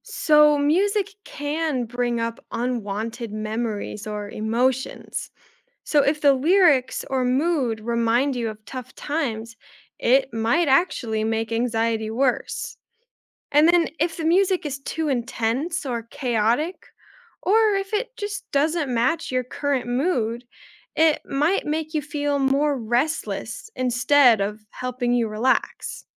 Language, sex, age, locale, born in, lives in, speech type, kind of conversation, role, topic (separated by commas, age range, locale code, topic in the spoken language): English, female, 20-24, en-US, United States, United States, read, expert-assistant, expert, How does music influence our emotions?